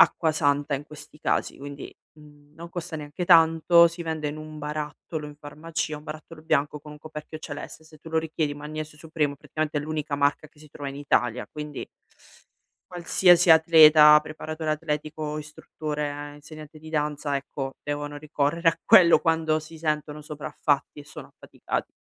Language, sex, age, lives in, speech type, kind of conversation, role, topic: Italian, female, 35-39, Italy, conversation, advice, advisor, Come posso gestire l’esaurimento che sto vivendo come fondatore?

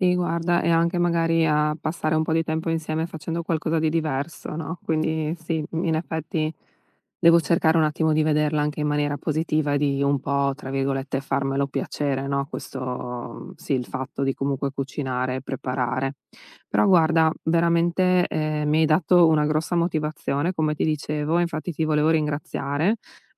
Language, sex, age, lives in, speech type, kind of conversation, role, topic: Italian, female, 35-39, United States, conversation, advice, user, Come posso trovare la motivazione per cucinare pasti sani ogni giorno?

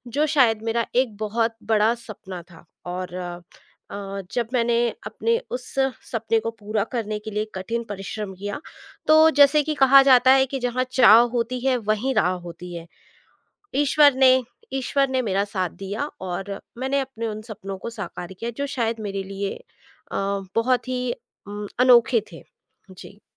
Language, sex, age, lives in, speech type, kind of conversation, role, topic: Hindi, female, 40-44, India, conversation, podcast, guest, Tumhare parivaar mein kaunsi kahani ya lok-katha peedhi dar peedhi chali aa rahi hai?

